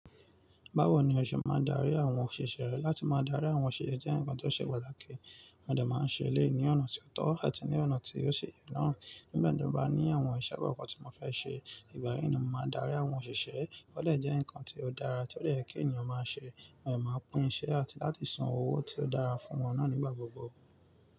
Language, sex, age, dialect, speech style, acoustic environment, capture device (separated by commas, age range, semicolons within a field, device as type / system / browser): Yoruba, male, 25-34, Nigeria - Nigerian Standard, relaxed, nature, mobile / Linux / Chrome